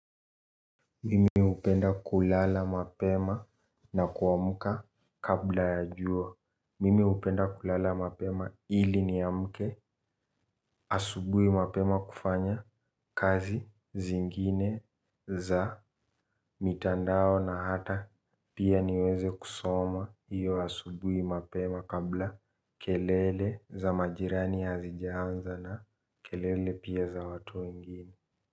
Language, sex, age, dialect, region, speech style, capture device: Swahili, male, 18-24, Kenya - Nairobi Sheng-influenced, Kenya, relaxed, mobile / Linux / Chrome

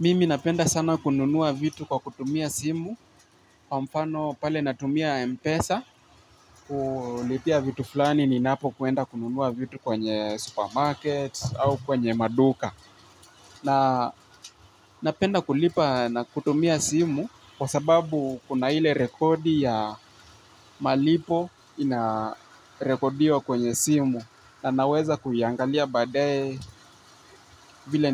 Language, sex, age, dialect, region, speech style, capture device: Swahili, male, 18-24, Kenya - Nairobi Sheng-influenced, Kenya, excited, mobile / Linux / Chrome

